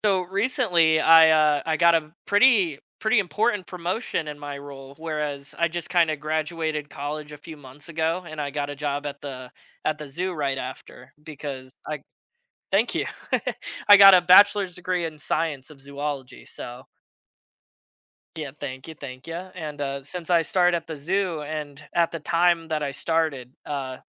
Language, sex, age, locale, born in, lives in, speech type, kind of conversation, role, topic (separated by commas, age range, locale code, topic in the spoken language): English, male, 20-24, en-US, United States, United States, conversation, advice, user, How should I celebrate my big promotion?